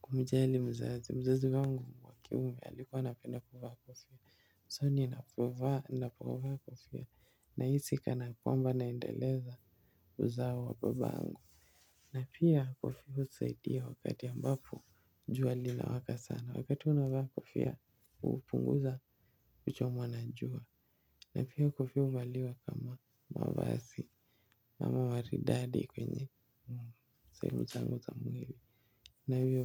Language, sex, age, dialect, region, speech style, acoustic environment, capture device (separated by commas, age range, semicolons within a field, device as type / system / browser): Swahili, male, 18-24, Kenya - Mombasa, Kenya, happy; relaxed; focused; anxious, construction; nature, mobile / Linux / Chrome